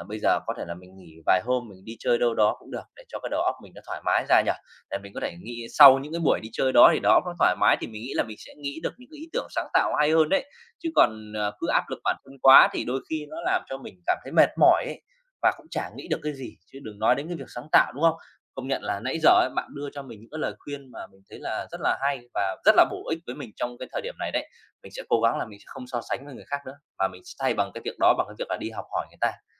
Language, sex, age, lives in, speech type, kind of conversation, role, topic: Vietnamese, male, 30-34, Vietnam, conversation, advice, user, Vì sao việc so sánh bản thân với người khác đang làm bạn tê liệt sáng tạo?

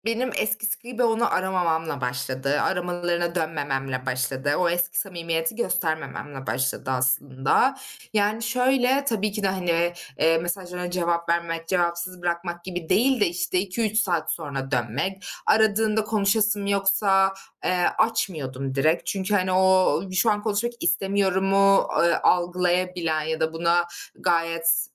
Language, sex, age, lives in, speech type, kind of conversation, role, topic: Turkish, female, 25-29, Germany, conversation, advice, user, Arkadaşınla aranızın yavaşça açılması ve ilişkinizin soğumasıyla nasıl başa çıkabilirsin?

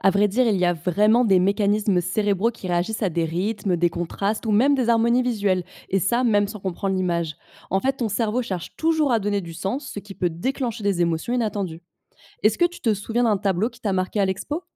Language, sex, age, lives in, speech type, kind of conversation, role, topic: French, female, 25-29, France, read, expert-assistant, expert, Pourquoi certaines images nous touchent-elles profondément, même si on ne connaît rien à l’art ?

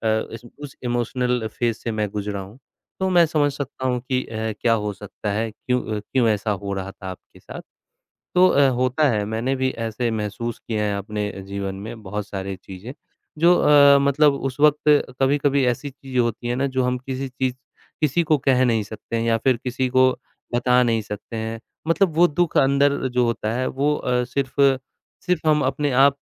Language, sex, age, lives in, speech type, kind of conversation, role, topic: Hindi, male, 25-29, India, conversation, unstructured, none, क्या कभी आपको ऐसा लगा कि आपकी ज़िंदगी में कोई खुशी नहीं बची है?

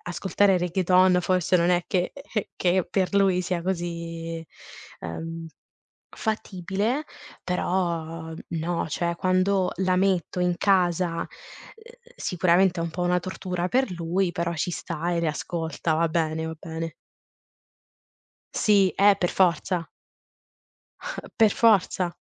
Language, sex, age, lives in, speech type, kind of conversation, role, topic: Italian, female, 25-29, Italy, conversation, podcast, guest, Qual è il concerto che ti ha cambiato la vita?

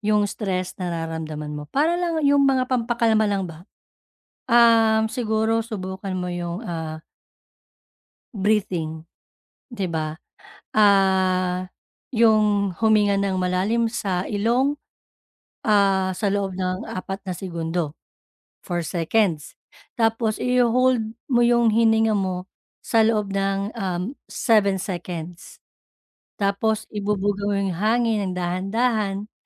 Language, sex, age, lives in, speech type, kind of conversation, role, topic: Filipino, female, 35-39, Philippines, conversation, advice, advisor, Paano ko agad mababawasan ang matinding stress na nararamdaman ko?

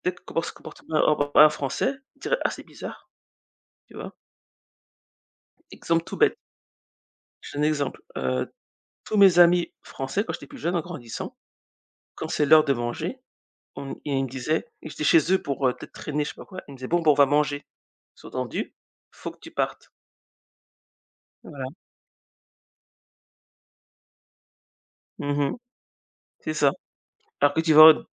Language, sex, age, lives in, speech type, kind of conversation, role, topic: French, female, 40-44, United States, conversation, unstructured, none, Pourquoi est-il important de respecter les différences au sein d’une communauté ?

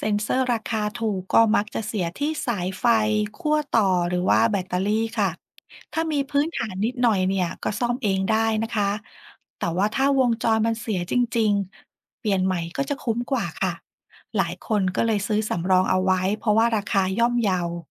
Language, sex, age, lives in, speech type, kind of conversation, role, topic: Thai, female, 40-44, Thailand, read, expert-assistant, expert, เทคโนโลยีเกษตรสมัยใหม่เยอะมาก จะเลือกใช้อะไรและจัดการอย่างไรให้เหมาะกับพื้นที่ของเรา?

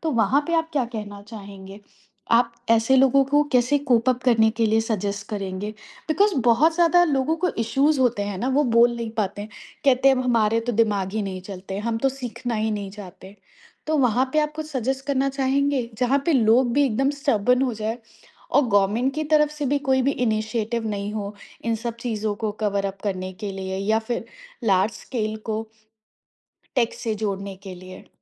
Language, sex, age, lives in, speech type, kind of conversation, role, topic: Hindi, female, 30-34, India, conversation, podcast, host, Tech adoption aur digital divide ki wajah se aam logon ko kya-kya dikkat ho sakti hai, aapka kya nazariya hai?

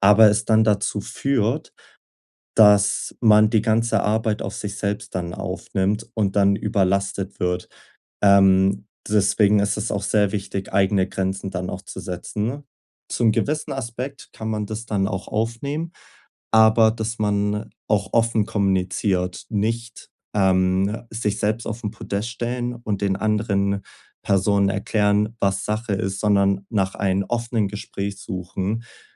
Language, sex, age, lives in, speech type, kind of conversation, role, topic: German, male, 20-24, Germany, conversation, advice, advisor, Wie kann ich sicherstellen, dass meine Worte und mein Verhalten wirklich zusammenpassen?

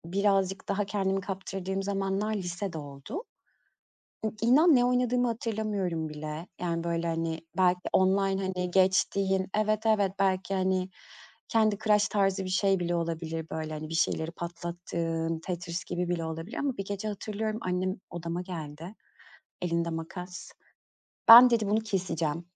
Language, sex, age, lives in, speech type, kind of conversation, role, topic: Turkish, female, 35-39, Greece, conversation, podcast, guest, Video oyunları senin için bir kaçış mı, yoksa sosyalleşme aracı mı?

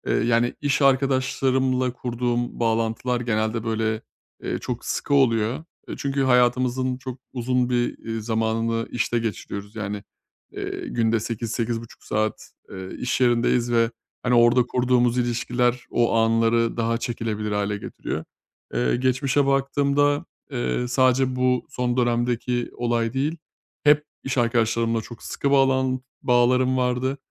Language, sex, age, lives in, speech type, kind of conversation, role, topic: Turkish, male, 30-34, Bulgaria, conversation, advice, user, Duygularımı daha iyi nasıl fark edip ifade edebilirim?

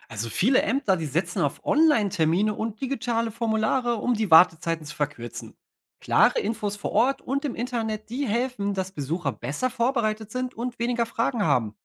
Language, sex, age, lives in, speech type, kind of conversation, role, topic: German, male, 30-34, Germany, read, expert-assistant, expert, Wie könnten Ämter ihren Service so verändern, dass Behördengänge weniger stressig sind?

